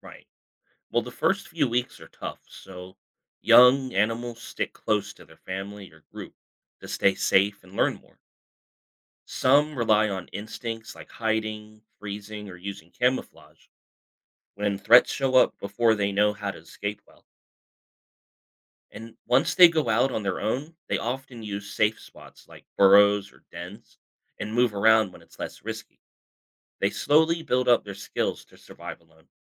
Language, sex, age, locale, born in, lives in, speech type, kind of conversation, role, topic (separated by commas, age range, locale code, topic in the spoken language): English, male, 35-39, en-US, United States, United States, read, expert-assistant, expert, How do animals adapt to extreme environments?